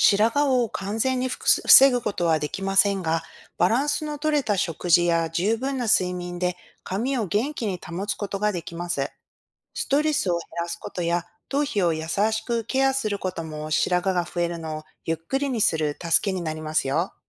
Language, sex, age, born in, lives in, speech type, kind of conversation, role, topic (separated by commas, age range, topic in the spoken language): Japanese, female, 40-44, Japan, United States, read, expert-assistant, expert, なぜ人は年をとると白髪になるのか説明してください。